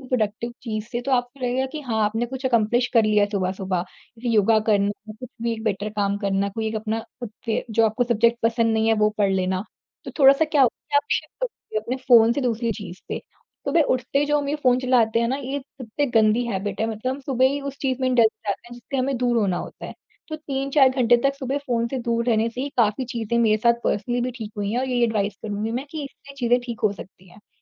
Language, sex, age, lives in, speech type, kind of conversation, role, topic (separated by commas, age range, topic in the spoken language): Hindi, female, 40-44, India, conversation, podcast, guest, Tumhare hisaab se smartphone kaam aur life balance ko kaise badal raha hai?